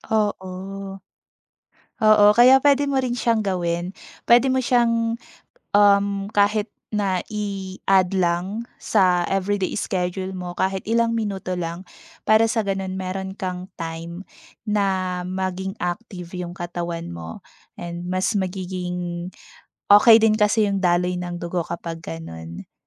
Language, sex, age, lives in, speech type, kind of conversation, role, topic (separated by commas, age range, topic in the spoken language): Filipino, female, 30-34, Philippines, conversation, advice, advisor, Bakit nababawasan ang gana mo sa pag-aalaga sa sarili kapag nai-stress o nalulungkot ka?